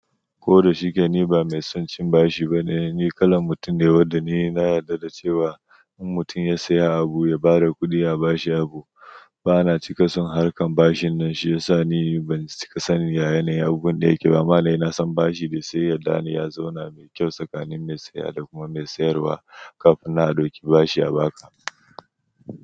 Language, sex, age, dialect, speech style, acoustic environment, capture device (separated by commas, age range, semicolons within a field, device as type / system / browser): Hausa, male, 25-34, Nigeria - Nigerian Standard, happy, nature, mobile / Android / Chrome